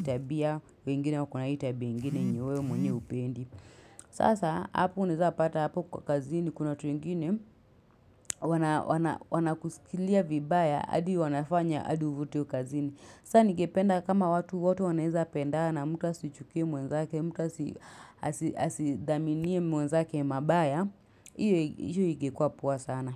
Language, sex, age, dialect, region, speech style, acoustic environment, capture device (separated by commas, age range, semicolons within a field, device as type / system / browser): Swahili, female, 25-34, Kenya - Nairobi Sheng-influenced, Kenya, relaxed, silence, mobile / Linux / Chrome